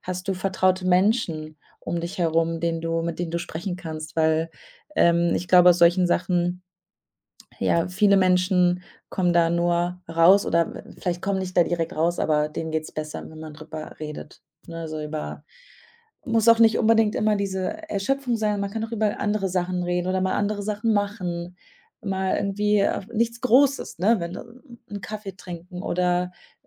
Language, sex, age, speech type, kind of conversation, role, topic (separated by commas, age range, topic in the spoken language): German, female, 25-29, conversation, advice, advisor, Wie erlebst du deine chronische Erschöpfung, und welche Anzeichen von Burnout bemerkst du?